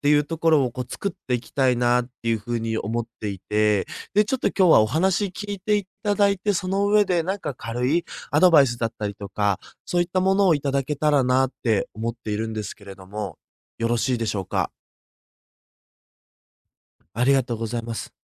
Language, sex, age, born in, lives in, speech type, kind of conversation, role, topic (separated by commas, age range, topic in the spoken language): Japanese, male, 20-24, Japan, Japan, conversation, advice, user, 再び燃え尽きないために、どんな生活習慣やルールを作ればよいですか？